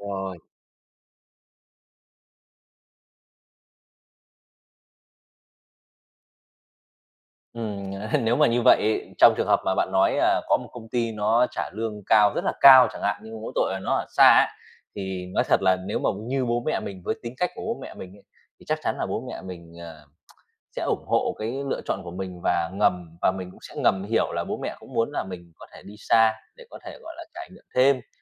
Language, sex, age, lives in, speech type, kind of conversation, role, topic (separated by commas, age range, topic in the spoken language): Vietnamese, male, 30-34, Vietnam, conversation, podcast, guest, Bạn dựa vào những tiêu chí nào để quyết định ở gần gia đình hay đi làm xa?